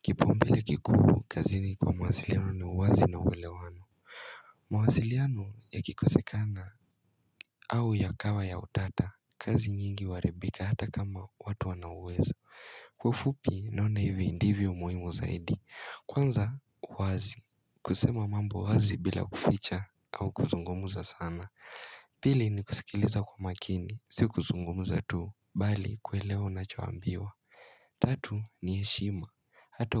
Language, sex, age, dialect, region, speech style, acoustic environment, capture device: Swahili, male, 18-24, Kenya - Mombasa, Kenya, happy, silence, mobile / Linux / Chrome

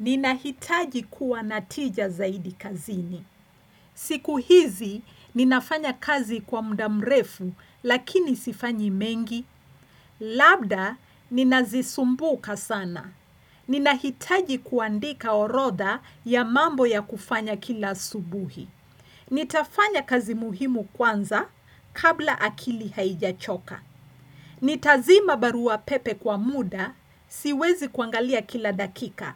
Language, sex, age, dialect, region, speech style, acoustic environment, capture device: Swahili, female, 45-54, Kenya - Nairobi Sheng-influenced, Kenya, relaxed, silence, desktop / Windows / Chrome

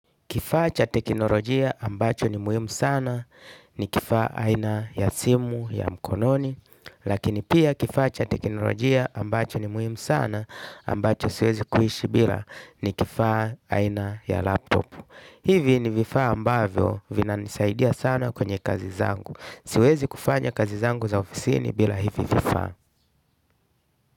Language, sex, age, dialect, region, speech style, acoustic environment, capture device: Swahili, male, 35-44, Tanzania - Dar es Salaam, Tanzania, happy, music, desktop / Linux / Chrome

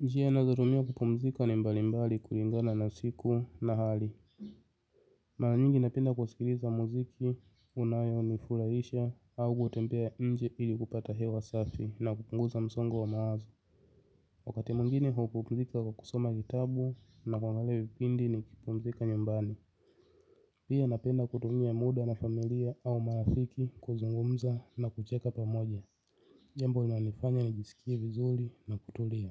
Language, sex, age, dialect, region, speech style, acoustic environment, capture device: Swahili, male, 25-34, Tanzania - Dar es Salaam, Tanzania, happy; excited; focused, nature; silence, mobile / Linux / Chrome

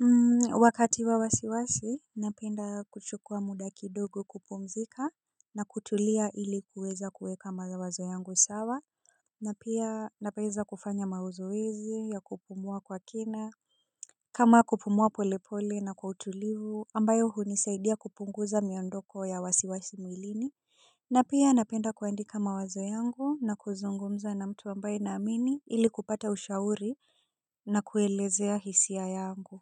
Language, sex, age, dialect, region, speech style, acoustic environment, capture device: Swahili, female, 25-34, Kenya - Nairobi Sheng-influenced, Kenya, relaxed; focused; anxious, silence; people talking; appliances, mobile / Linux / Chrome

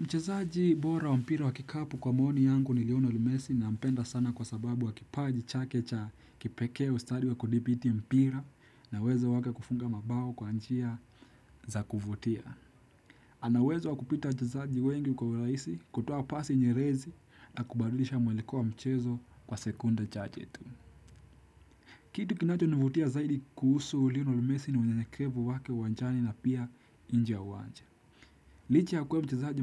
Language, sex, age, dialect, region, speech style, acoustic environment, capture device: Swahili, male, 18-24, Kenya - Nairobi Sheng-influenced, Kenya, tired; relaxed; focused, people talking; music; nature; silence, desktop / Windows / Chrome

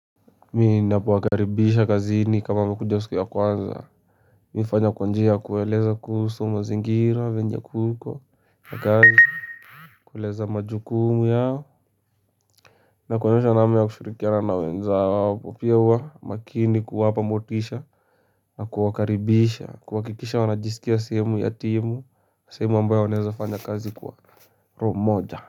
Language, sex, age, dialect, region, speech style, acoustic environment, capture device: Swahili, male, 18-24, Kenya - Nairobi Sheng-influenced, Kenya, focused, silence, mobile / Linux / Chrome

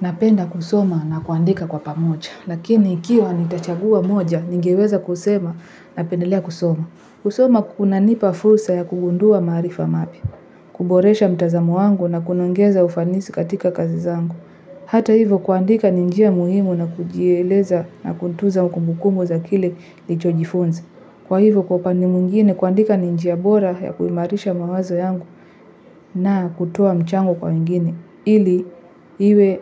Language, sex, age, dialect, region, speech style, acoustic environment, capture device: Swahili, female, 18-24, Kenya - Nairobi Sheng-influenced, Kenya, happy, people talking, mobile / Linux / Chrome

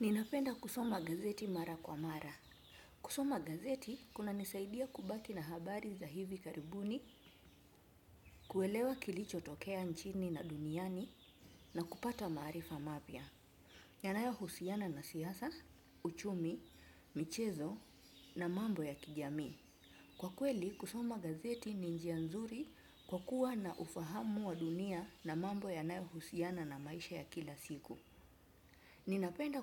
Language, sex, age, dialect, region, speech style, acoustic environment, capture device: Swahili, female, 25-34, Kenya - Mombasa, Kenya, relaxed, nature, desktop / Windows / Chrome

